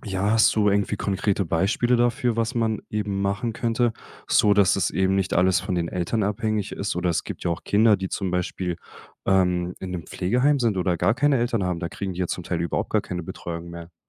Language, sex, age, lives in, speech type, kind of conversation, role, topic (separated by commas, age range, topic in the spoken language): German, male, 25-29, Germany, conversation, podcast, host, Wie kann Schule soziale Ungleichheit ausgleichen?